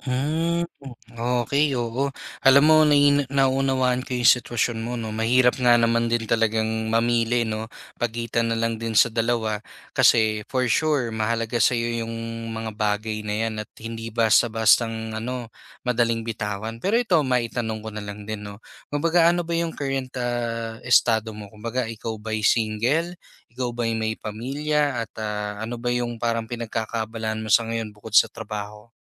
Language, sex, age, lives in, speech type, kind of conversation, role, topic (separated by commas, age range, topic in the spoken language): Filipino, male, 25-29, Philippines, conversation, advice, advisor, Paano ko haharapin ang panloob na salungatan sa sarili ko?